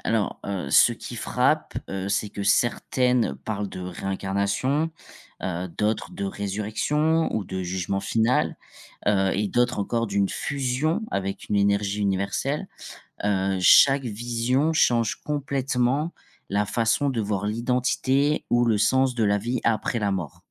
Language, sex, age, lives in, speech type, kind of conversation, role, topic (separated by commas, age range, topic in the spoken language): French, male, 25-29, France, read, expert-assistant, expert, Comment les religions influencent-elles notre vision de la vie après la mort ?